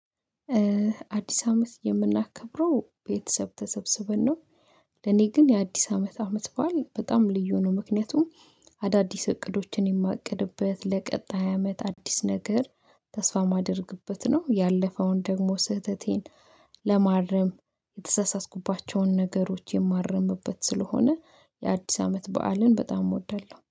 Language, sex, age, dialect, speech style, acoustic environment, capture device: Amharic, female, 25-34, Ethiopia - Addis Ababa, focused; happy, silence, mobile / Linux / Chrome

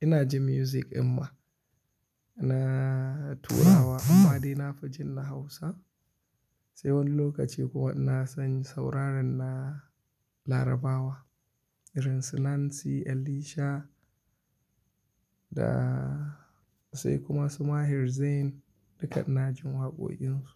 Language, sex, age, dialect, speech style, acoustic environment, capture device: Hausa, male, 25-34, Nigeria - Kano, happy; anxious; relaxed; excited; nervous; focused; stressed, people talking; music; nature; silence; construction; appliances; traffic, mobile / Linux / Chrome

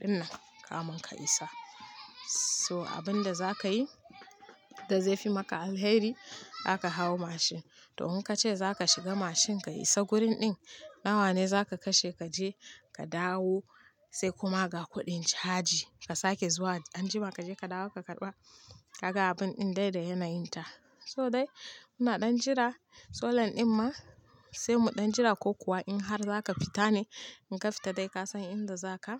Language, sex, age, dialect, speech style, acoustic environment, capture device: Hausa, female, 25-34, Nigeria - Kano, happy; focused, nature; people talking, mobile / Linux / Chrome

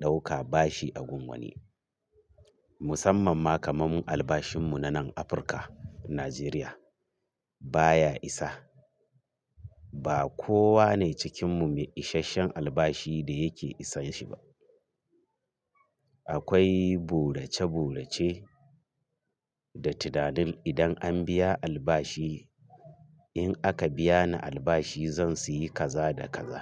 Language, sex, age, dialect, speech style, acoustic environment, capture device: Hausa, male, 45-54, Nigeria - Kano, nervous; excited; focused; stressed; happy; anxious; tired; relaxed, traffic; construction; appliances; people talking; music; nature; silence, mobile / Linux / Chrome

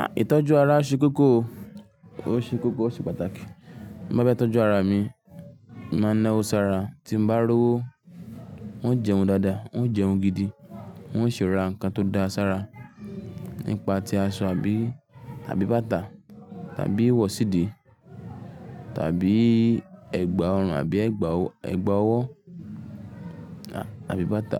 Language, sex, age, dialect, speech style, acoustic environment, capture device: Yoruba, male, 18-24, Nigeria - Lagos Yoruba, relaxed, nature, mobile / Linux / Chrome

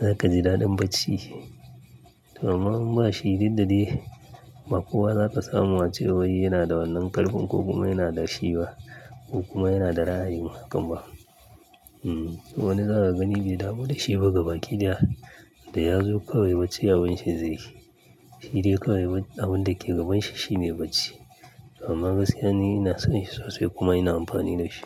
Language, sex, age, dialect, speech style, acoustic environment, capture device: Hausa, male, 35-44, Nigeria - Kano, happy, nature; silence, mobile / Linux / Chrome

